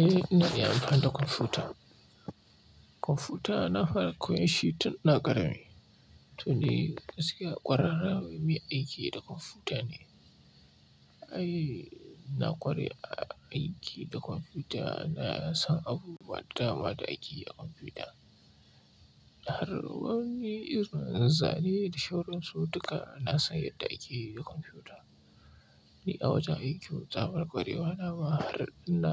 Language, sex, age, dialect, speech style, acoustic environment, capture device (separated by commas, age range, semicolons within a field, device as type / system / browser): Hausa, male, 25-34, Nigeria - Kano, happy, silence, mobile / Linux / Chrome